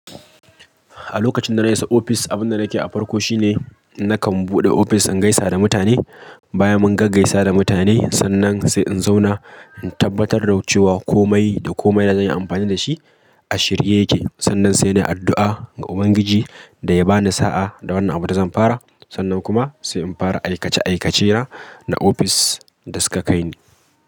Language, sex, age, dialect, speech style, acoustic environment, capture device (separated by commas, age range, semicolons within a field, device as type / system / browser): Hausa, male, 25-34, Nigeria - Kano, happy; focused; excited, people talking; appliances; nature, mobile / Linux / Chrome